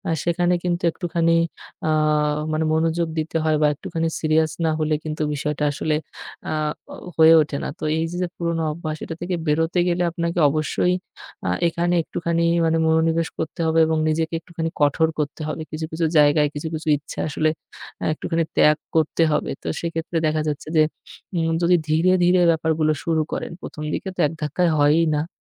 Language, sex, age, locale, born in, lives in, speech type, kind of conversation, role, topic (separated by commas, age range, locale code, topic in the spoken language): Bengali, male, 25-29, bn-BD, Bangladesh, Bangladesh, conversation, advice, advisor, জিমে ভিড়ের মধ্যে থাকলে লজ্জা লাগে এবং শুরু করতে ভয় লাগে কেন?